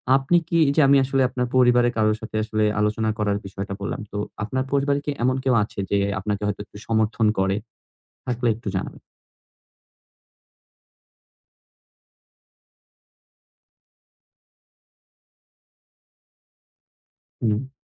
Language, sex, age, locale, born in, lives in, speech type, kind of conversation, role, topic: Bengali, male, 20-24, bn-BD, Bangladesh, Bangladesh, conversation, advice, advisor, সামাজিক অবস্থার পরিবর্তনের সঙ্গে চাপ ও প্রত্যাশা আমি কীভাবে সামলাব?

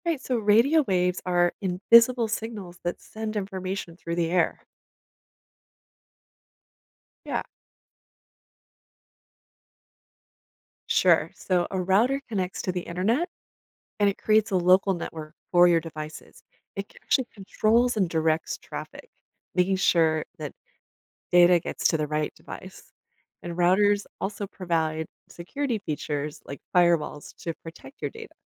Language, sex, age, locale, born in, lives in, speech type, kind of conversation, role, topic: English, female, 45-49, en-US, United States, United States, read, expert-assistant, expert, How does Wi-Fi work?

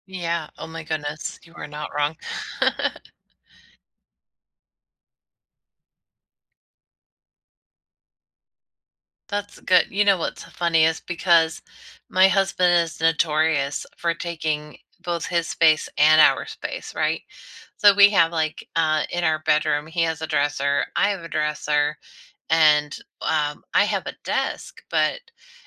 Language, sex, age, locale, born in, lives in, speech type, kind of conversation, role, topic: English, female, 40-44, en-US, United States, United States, conversation, unstructured, none, How do you balance personal space and time together in a relationship?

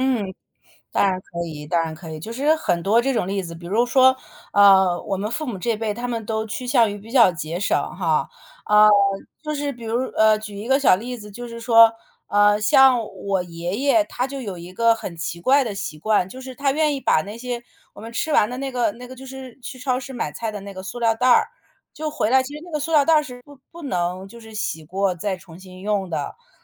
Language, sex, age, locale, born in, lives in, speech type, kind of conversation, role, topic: Chinese, female, 40-44, zh-CN, China, United States, conversation, podcast, guest, 你觉得家庭沟通有哪些实用技巧？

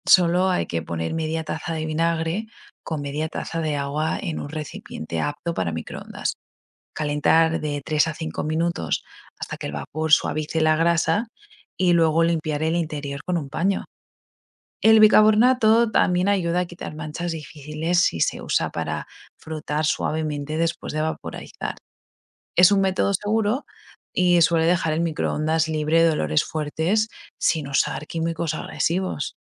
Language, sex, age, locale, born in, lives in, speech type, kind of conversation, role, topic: Spanish, female, 25-29, es-ES, Spain, United States, read, expert-assistant, expert, ¿Qué hábitos sencillos puedo adoptar en casa para cuidar el medio ambiente sin complicar mi rutina?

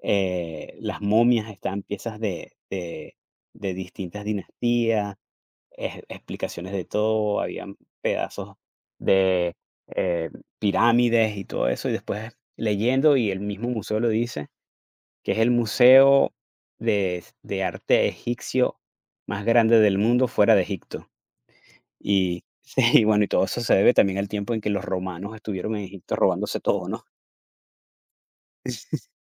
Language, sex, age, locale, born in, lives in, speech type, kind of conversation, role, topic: Spanish, male, 45-49, es-VE, Venezuela, United States, conversation, unstructured, none, ¿Has visitado algún lugar histórico que te haya impactado?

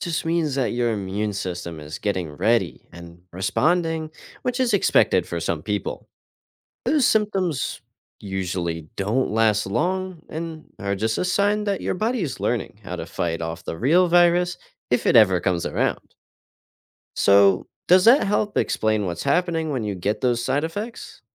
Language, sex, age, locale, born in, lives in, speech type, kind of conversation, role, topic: English, male, 18-19, en-US, United States, United States, read, expert-assistant, expert, How do vaccines protect people from diseases?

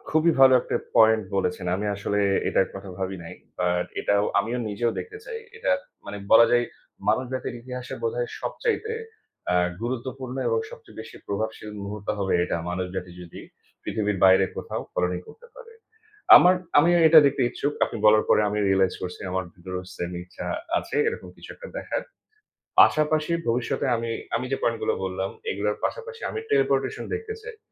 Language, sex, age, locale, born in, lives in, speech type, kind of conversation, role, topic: Bengali, male, 30-34, bn-BD, Bangladesh, Bangladesh, conversation, unstructured, none, যদি তুমি সময় ভ্রমণ করতে পারতে, তাহলে কোন সময়ে যেতে চাইতে?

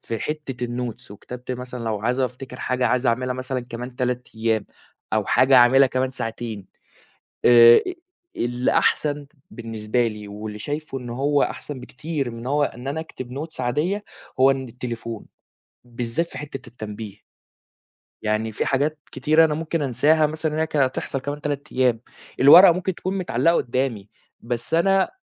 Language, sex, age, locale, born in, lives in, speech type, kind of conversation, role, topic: Arabic, male, 20-24, ar-EG, Saudi Arabia, Egypt, conversation, podcast, guest, إزاي بتنظّم وقتك قدّام الشاشة كل يوم؟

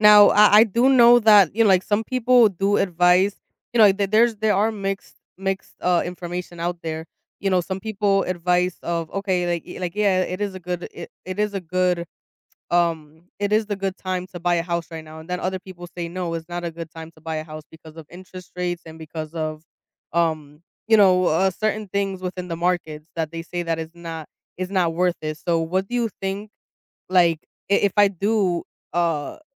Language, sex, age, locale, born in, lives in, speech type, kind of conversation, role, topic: English, female, 25-29, en-US, United States, United States, conversation, advice, user, Should I buy a home now or keep renting given my current financial situation?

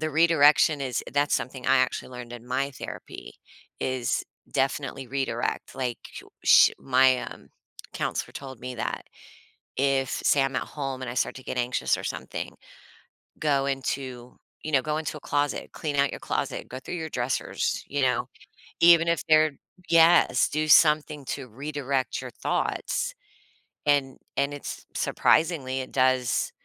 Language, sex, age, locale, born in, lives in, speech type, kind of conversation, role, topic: English, female, 45-49, en-US, United States, United States, conversation, podcast, guest, What are thoughtful ways to be there for a friend facing mental health challenges?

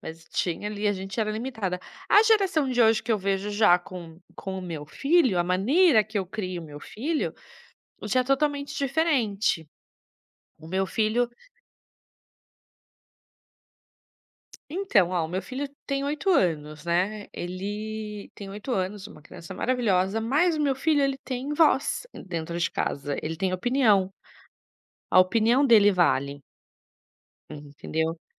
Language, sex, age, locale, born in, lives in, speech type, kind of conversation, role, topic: Portuguese, female, 35-39, pt-BR, Brazil, Italy, conversation, podcast, guest, O que mudou na forma de criar filhos entre gerações na sua família?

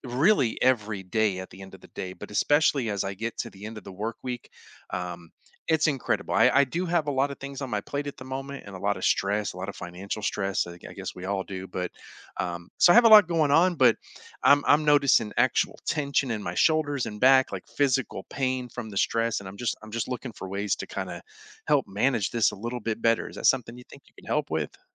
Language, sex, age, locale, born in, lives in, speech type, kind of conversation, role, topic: English, male, 45-49, en-US, United States, United States, conversation, advice, user, How can I recover from burnout after long, busy weeks?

